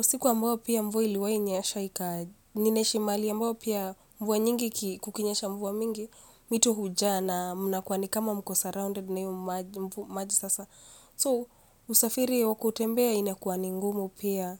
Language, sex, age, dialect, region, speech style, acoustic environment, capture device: Swahili, female, 25-34, Kenya - Nairobi Sheng-influenced, Kenya, excited, silence, mobile / Linux / Chrome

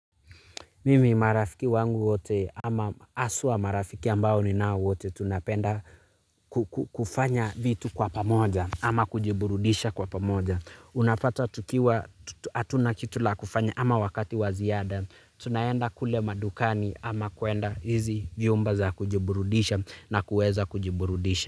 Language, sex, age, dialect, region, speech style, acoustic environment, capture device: Swahili, male, 25-34, Kenya - Nairobi Sheng-influenced, Kenya, relaxed; stressed, nature, mobile / Linux / Chrome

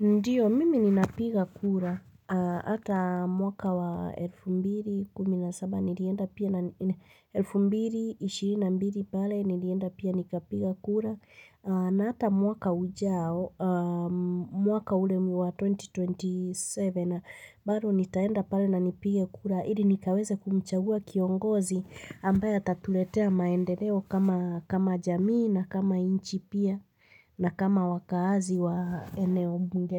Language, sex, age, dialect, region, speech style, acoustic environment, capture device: Swahili, female, 25-34, Kenya - Nairobi Sheng-influenced, Kenya, tired, nature, mobile / Linux / Chrome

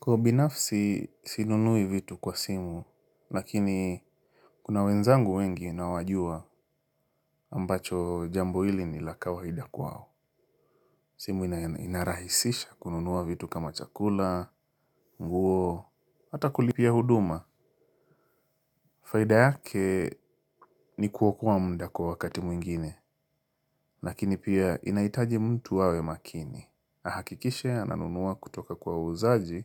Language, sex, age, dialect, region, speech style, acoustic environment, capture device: Swahili, male, 25-34, Kenya - Mombasa, Kenya, happy, silence, mobile / Linux / Chrome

